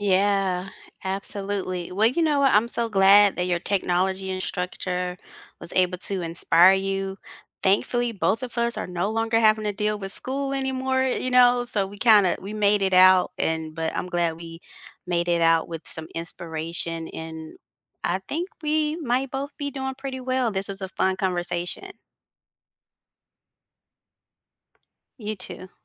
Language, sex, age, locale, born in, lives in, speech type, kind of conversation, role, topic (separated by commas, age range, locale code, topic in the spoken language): English, female, 40-44, en-US, United States, United States, conversation, unstructured, none, Which teacher has inspired you the most, and what did they do that still impacts you?